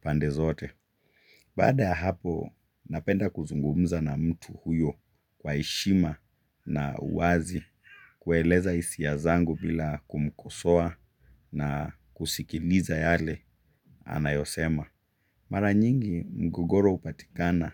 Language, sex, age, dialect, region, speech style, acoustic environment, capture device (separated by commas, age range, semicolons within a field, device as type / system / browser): Swahili, male, 25-34, Kenya - Mombasa, Kenya, relaxed; focused, silence, mobile / Linux / Chrome